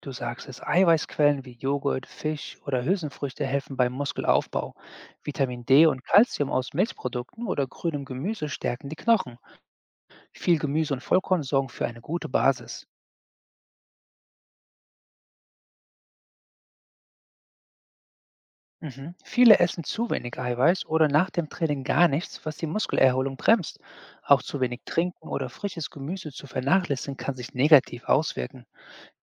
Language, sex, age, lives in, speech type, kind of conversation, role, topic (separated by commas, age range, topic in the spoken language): German, male, 40-44, United States, read, expert-assistant, expert, Ist es ein Mythos, dass Krafttraining nur etwas für jüngere Menschen ist?